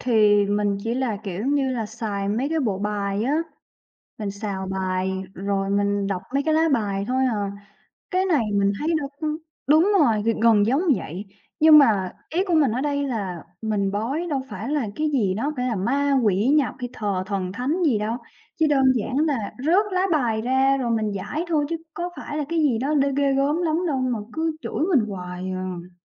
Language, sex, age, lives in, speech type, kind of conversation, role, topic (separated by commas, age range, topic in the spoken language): Vietnamese, female, 25-29, Vietnam, conversation, advice, user, Bạn nên làm gì khi không nhận được sự hỗ trợ từ người thân trong quá trình theo đuổi mục tiêu của mình?